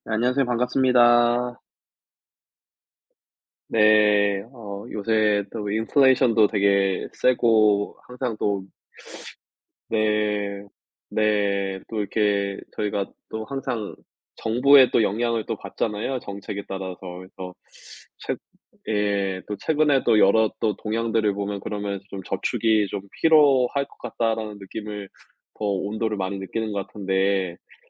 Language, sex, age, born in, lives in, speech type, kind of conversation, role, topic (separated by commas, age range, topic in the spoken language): Korean, male, 35-39, South Korea, United States, conversation, unstructured, none, 저축을 꾸준히 하려면 어떻게 해야 할까요?